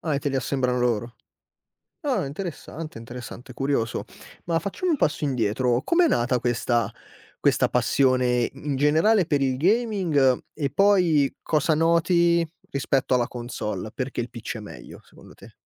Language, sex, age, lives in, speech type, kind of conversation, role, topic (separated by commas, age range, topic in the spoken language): Italian, male, 25-29, Italy, conversation, podcast, host, Qual è l’hobby a cui tieni di più?